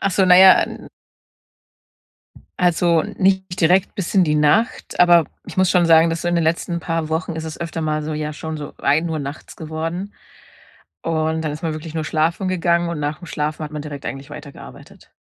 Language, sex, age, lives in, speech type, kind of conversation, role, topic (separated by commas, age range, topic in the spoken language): German, female, 30-34, Germany, conversation, advice, user, Wie kann ich mich zu Hause besser entspannen, wenn mich ständig Ablenkungen und innere Unruhe stören?